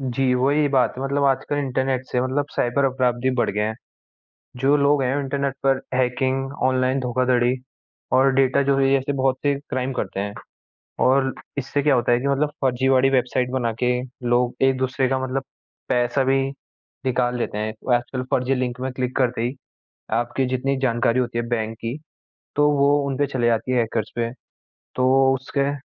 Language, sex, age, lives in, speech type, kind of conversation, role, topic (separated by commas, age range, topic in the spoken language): Hindi, male, 18-19, India, conversation, unstructured, none, आपके लिए इंटरनेट का सबसे बड़ा लाभ क्या है?